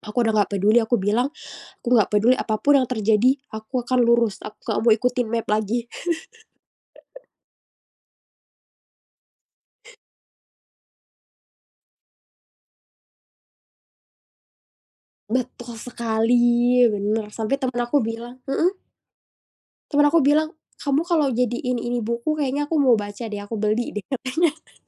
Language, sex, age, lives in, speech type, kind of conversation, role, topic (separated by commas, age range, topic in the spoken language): Indonesian, female, 25-29, Indonesia, conversation, podcast, guest, Apa pengalaman perjalanan paling tak terlupakan yang pernah kamu alami?